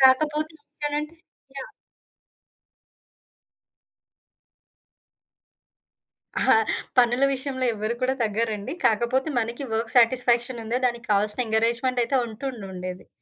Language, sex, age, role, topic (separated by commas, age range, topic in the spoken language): Telugu, female, 30-34, guest, మీరు వేరే నగరంలో ఒంటరిగా ఉన్నప్పుడు మీకు సహాయం చేసిన అజ్ఞాత వ్యక్తులు ఎవరైనా ఉన్నారా?